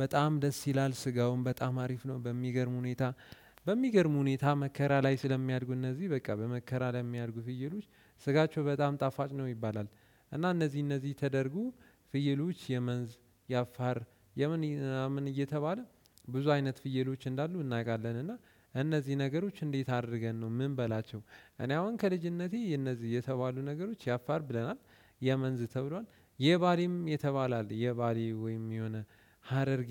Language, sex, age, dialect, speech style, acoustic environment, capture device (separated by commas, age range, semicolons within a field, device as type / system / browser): Amharic, male, 18-24, Ethiopia - Addis Ababa, relaxed, nature; silence, desktop / Windows / Chrome